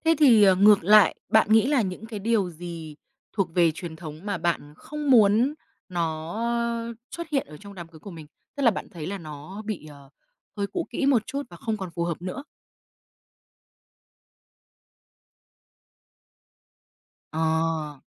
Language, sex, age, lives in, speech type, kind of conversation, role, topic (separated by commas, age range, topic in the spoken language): Vietnamese, female, 25-29, Vietnam, conversation, podcast, host, Bạn từng cảm thấy xung đột giữa truyền thống và hiện đại không?